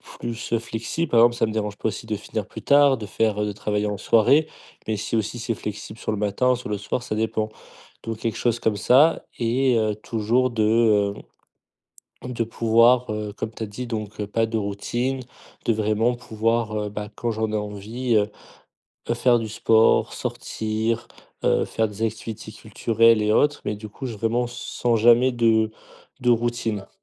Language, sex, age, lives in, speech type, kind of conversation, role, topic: French, male, 20-24, France, conversation, podcast, guest, Comment trouves-tu l’équilibre entre le travail et ta vie personnelle au quotidien ?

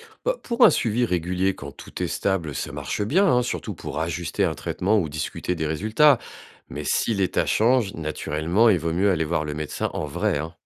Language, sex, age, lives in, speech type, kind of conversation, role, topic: French, male, 45-49, France, read, expert-assistant, expert, Quels sont les avantages et les inconvénients de la téléconsultation médicale par rapport aux consultations en cabinet traditionnel ?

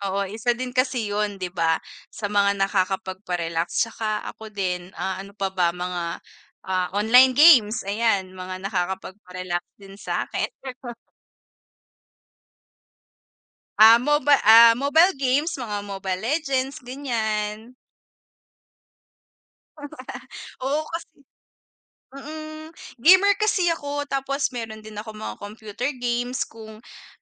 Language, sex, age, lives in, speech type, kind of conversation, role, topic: Filipino, female, 25-29, Philippines, conversation, unstructured, none, Ano ang ginagawa mo para maging mas positibo araw-araw?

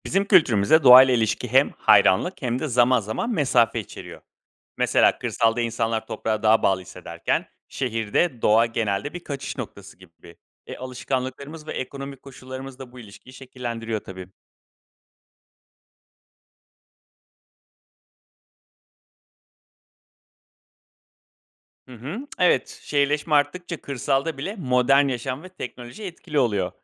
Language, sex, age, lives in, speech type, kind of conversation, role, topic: Turkish, male, 35-39, Greece, read, expert-assistant, expert, Ekolojiye bakış açımızı kültürümüz nasıl şekillendiriyor?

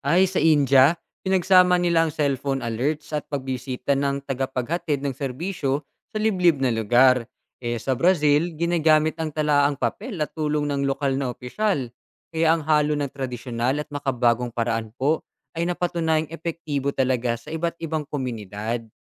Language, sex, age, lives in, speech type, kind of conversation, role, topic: Filipino, male, 20-24, Philippines, read, expert-assistant, expert, May moral na obligasyon ba ang mga mayayaman at makapangyarihan na tulungan ang mga mahihirap? Nasaan ang hangganan ng responsibilidad sa lipunan?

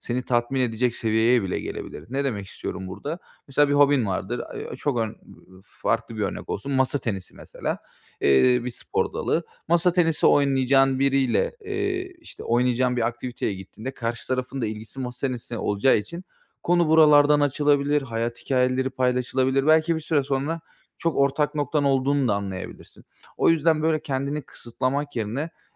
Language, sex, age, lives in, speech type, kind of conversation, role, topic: Turkish, male, 25-29, Bulgaria, conversation, advice, advisor, Yeni taşındığım yerde nasıl anlamlı arkadaşlıklar kurabilir ve sürdürebilirim?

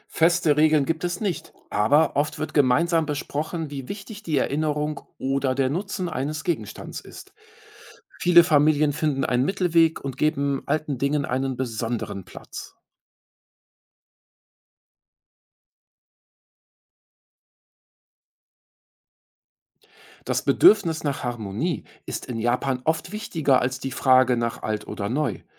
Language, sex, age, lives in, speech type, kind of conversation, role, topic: German, male, 45-49, Germany, read, expert-assistant, expert, Warum haben manche Sprachen eigene Wörter für Gefühle, die es in anderen Sprachen gar nicht gibt?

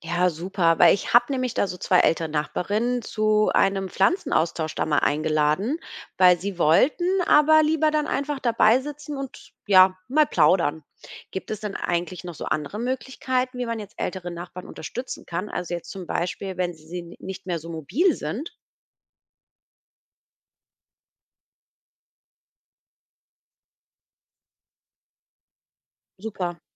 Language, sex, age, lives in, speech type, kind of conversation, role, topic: German, female, 35-39, Germany, read, expert-assistant, asker, Wie kann ich mein Wohnviertel gemeinsam mit den Nachbarn besser organisieren, damit sich alle dort wohlfühlen?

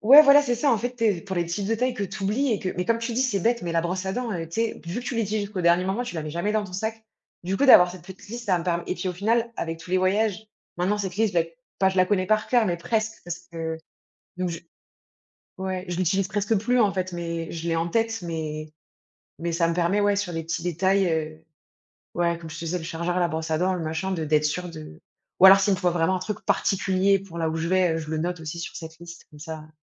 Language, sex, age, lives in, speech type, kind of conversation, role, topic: French, female, 30-34, France, conversation, unstructured, none, Comment prépares-tu habituellement un voyage important ?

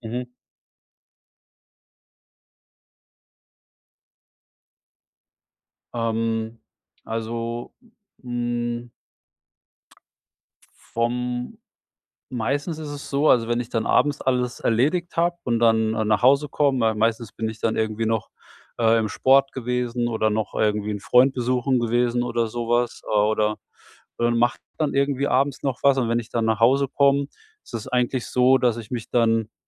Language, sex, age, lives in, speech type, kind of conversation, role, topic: German, male, 45-49, Germany, conversation, advice, user, Warum fällt es mir schwer, mich zu Hause zu entspannen?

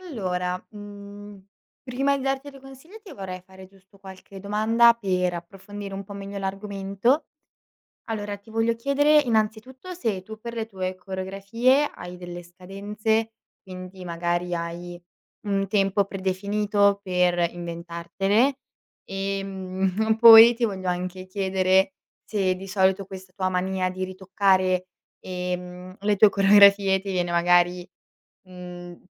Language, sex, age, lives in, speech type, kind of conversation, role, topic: Italian, female, 18-19, Italy, conversation, advice, advisor, In che modo il perfezionismo ti impedisce di iniziare o di portare a termine un lavoro creativo?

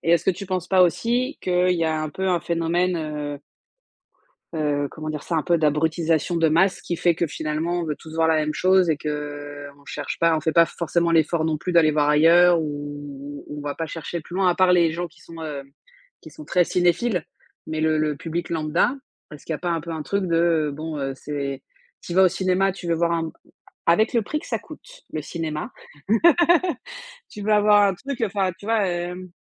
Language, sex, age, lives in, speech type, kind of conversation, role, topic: French, female, 35-39, France, conversation, unstructured, none, Pourquoi certains films semblent-ils toujours manquer d’originalité ?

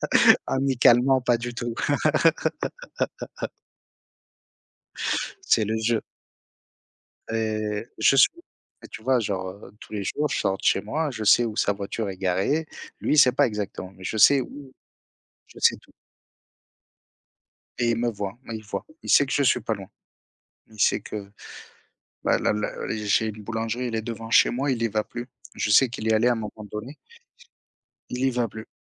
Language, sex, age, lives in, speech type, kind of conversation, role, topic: French, male, 30-34, France, conversation, unstructured, none, As-tu déjà eu envie de cacher une partie de toi ?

